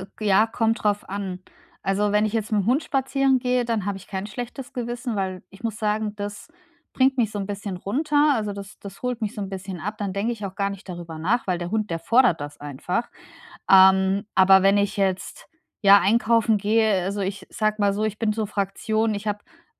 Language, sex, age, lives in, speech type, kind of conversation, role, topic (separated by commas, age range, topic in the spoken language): German, female, 35-39, Germany, conversation, advice, user, Warum fällt es mir so schwer, entspannende Freizeitaktivitäten zu genießen?